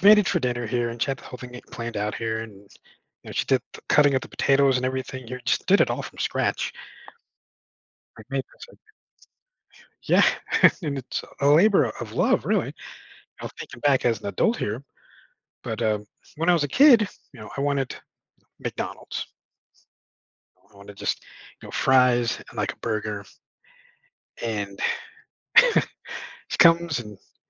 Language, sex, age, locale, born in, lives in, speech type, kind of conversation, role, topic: English, male, 40-44, en-US, United States, United States, conversation, advice, user, How can I apologize and make amends after unintentionally hurting someone's feelings?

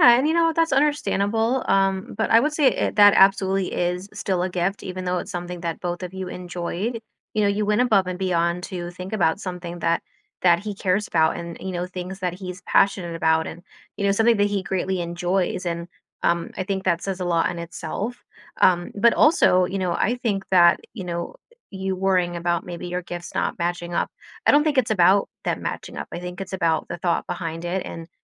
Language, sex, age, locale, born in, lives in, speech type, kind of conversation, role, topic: English, female, 30-34, en-US, United States, United States, conversation, advice, advisor, How can I express heartfelt thanks to someone who means a lot to me?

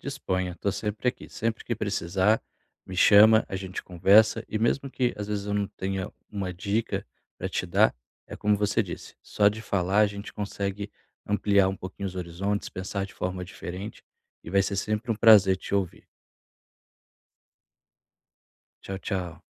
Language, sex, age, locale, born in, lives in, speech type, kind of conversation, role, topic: Portuguese, male, 30-34, pt-BR, Brazil, Portugal, conversation, advice, advisor, Como posso desacelerar a mente antes de dormir?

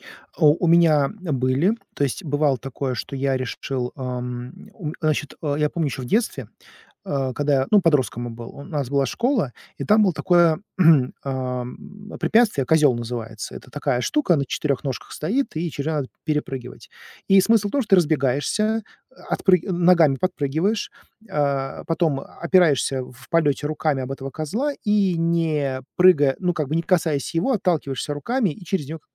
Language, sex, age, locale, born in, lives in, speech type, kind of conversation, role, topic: Russian, male, 40-44, ru-RU, Russia, United States, conversation, advice, user, Как сохранить мотивацию после серии неудачных тренировок и не сорваться?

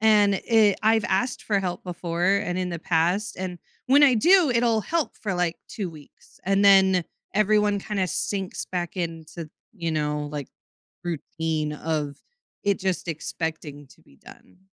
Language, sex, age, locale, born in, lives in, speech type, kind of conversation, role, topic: English, female, 25-29, en-US, United States, United States, conversation, advice, user, How can I manage stress and set clear boundaries between work and parenting?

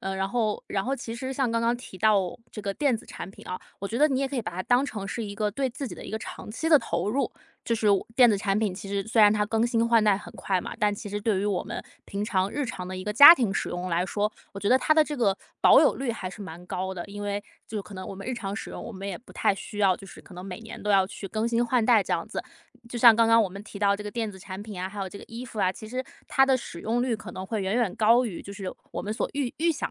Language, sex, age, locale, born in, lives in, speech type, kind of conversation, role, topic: Chinese, female, 25-29, zh-CN, China, United States, conversation, advice, advisor, 如何在节约开支与生活质量之间找到平衡？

